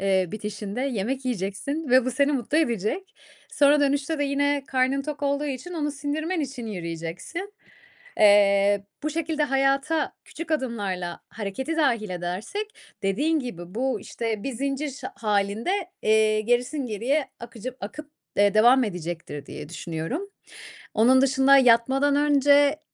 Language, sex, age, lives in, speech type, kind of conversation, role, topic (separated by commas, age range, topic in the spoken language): Turkish, female, 30-34, Spain, conversation, advice, advisor, Yatmadan önce ekran başında çok zaman geçiriyorum; bu durum uykumu ve konsantrasyonumu nasıl etkiliyor?